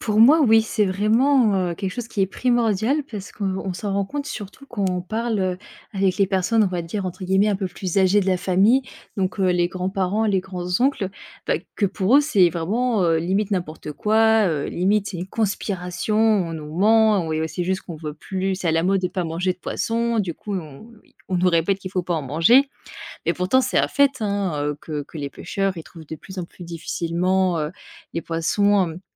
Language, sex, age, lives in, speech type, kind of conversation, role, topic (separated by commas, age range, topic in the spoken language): French, female, 25-29, France, conversation, podcast, guest, Parle-moi d’une tradition familiale qui te tient vraiment à cœur